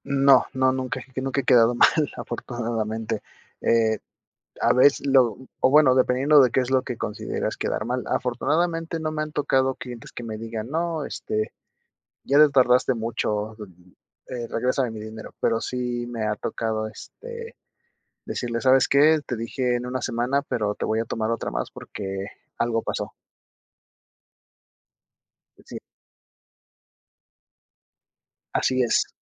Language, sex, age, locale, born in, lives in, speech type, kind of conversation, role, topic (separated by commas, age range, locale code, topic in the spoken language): Spanish, male, 30-34, es-MX, Mexico, Mexico, conversation, advice, user, ¿Cómo sueles procrastinar antes de empezar tareas importantes?